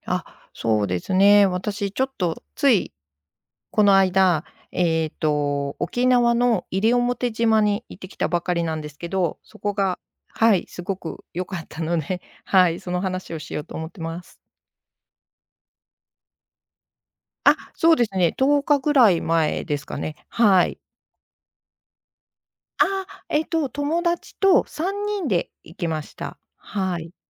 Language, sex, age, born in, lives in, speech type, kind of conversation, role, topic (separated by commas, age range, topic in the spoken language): Japanese, female, 55-59, Japan, Japan, conversation, podcast, guest, 一番心に残る旅先の話、聞かせてくれる？